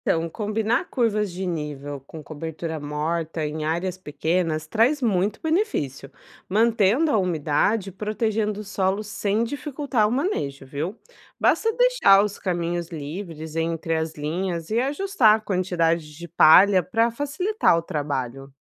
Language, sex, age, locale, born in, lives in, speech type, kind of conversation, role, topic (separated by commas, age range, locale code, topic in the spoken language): Portuguese, female, 30-34, pt-BR, Brazil, Portugal, read, expert-assistant, expert, De que maneiras poderíamos adaptar culturas agrícolas tradicionais para resistirem às mudanças climáticas?